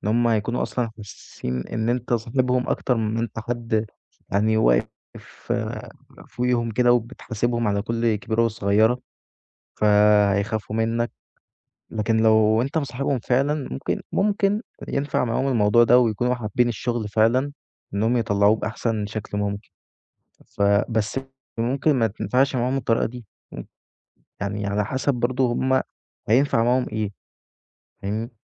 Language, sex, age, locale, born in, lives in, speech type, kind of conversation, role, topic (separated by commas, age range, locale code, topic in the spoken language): Arabic, male, 20-24, ar-EG, Egypt, Egypt, conversation, advice, advisor, إيه اللي اتغيّر في شغلك بعد الترقية أو المنصب الجديد من ناحية التوقعات والمسؤوليات؟